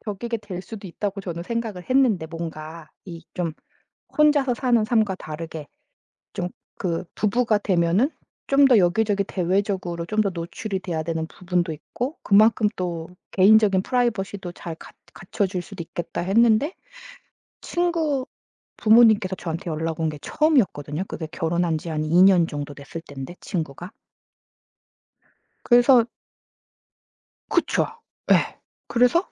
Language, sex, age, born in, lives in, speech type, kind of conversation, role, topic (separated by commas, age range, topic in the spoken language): Korean, female, 40-44, South Korea, United States, conversation, advice, user, 신뢰에 문제가 생겨 의심과 불안이 커진 것은 언제 어떤 일에서 비롯되었나요?